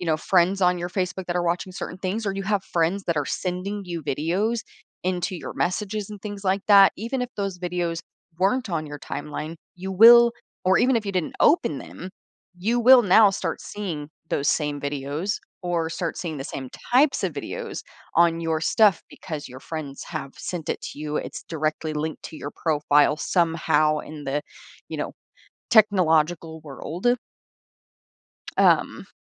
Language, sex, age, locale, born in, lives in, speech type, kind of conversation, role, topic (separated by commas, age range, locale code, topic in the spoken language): English, female, 25-29, en-US, United States, United States, conversation, podcast, host, How does technology affect mental health?